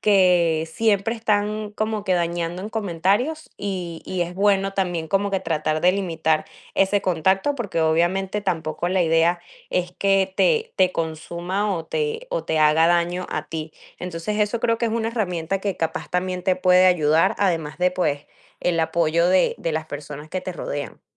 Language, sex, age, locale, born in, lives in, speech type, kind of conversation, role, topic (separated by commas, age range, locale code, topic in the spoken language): Spanish, female, 20-24, es-VE, Venezuela, United States, conversation, advice, advisor, ¿Cómo describirías el comentario dañino que recibiste en redes sociales?